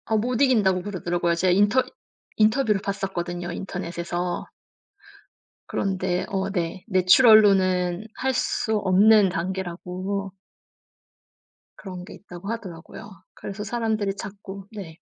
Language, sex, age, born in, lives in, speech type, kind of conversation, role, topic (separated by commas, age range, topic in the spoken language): Korean, female, 35-39, South Korea, Germany, conversation, unstructured, none, 운동선수들의 약물 복용 문제를 어떻게 바라보시나요?